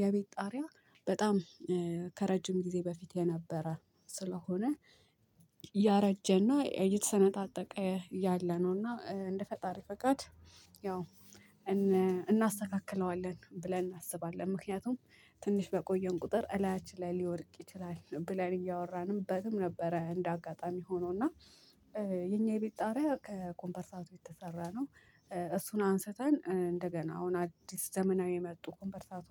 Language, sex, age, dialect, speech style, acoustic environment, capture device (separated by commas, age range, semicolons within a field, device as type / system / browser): Amharic, female, 18-24, Ethiopia - Addis Ababa, happy, people talking, mobile / Linux / Chrome